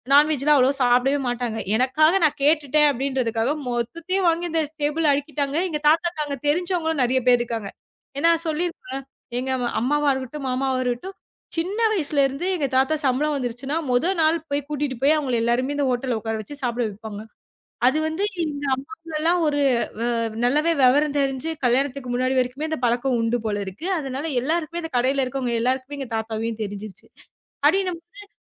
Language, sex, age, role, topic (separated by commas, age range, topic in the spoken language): Tamil, female, 25-29, guest, தாத்தா-பாட்டி கால நினைவுகளை எங்களுடன் பகிர்வீர்களா?